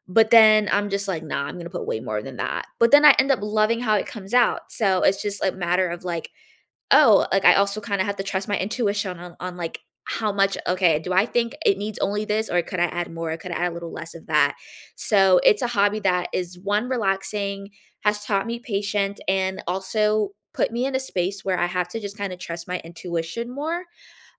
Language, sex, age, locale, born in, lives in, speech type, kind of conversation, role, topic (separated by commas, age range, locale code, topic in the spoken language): English, female, 20-24, en-US, United States, United States, conversation, unstructured, none, Which hobbies taught you surprising real-world skills beyond class, and how did they shape your life?